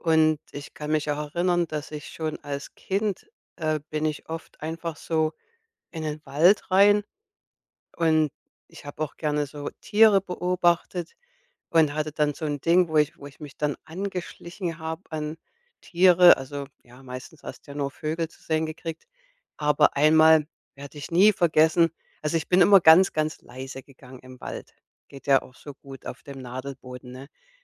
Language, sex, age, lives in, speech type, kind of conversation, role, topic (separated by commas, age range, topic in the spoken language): German, female, 55-59, United States, conversation, podcast, guest, Wie fühlt sich Entschleunigung in der Natur für dich an?